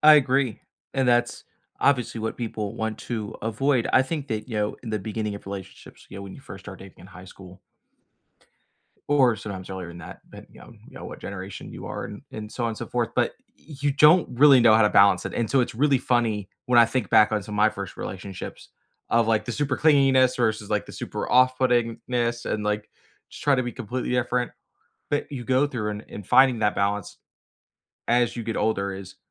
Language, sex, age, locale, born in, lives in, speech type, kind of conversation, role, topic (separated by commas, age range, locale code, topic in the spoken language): English, male, 25-29, en-US, United States, United States, conversation, unstructured, none, How do you balance personal space and togetherness to strengthen your connection?